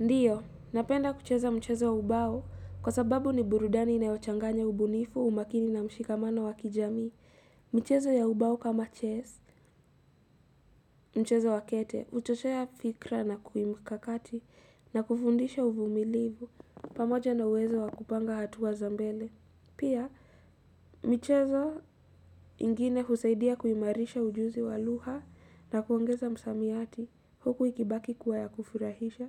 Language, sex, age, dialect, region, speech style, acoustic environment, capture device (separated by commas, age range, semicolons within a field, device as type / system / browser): Swahili, female, 18-24, Kenya - Mombasa, Kenya, happy, silence, mobile / Linux / Chrome